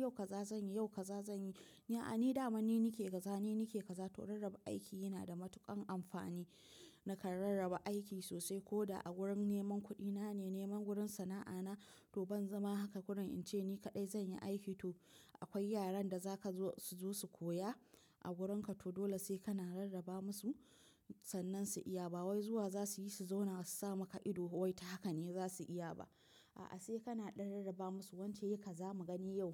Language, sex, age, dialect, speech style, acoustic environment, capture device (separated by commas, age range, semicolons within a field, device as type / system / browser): Hausa, female, 25-34, Nigeria - Nigerian Standard, tired; relaxed; focused, appliances; nature; silence, mobile / macOS / Safari